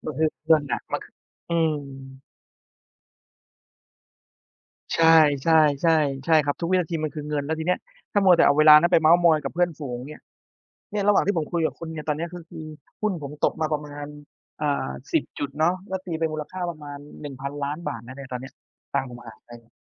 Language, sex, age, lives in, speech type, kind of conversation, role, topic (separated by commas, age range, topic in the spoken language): Thai, male, 30-34, Thailand, conversation, unstructured, none, ข่าวเศรษฐกิจตอนนี้ส่งผลต่อการใช้ชีวิตของคุณอย่างไร?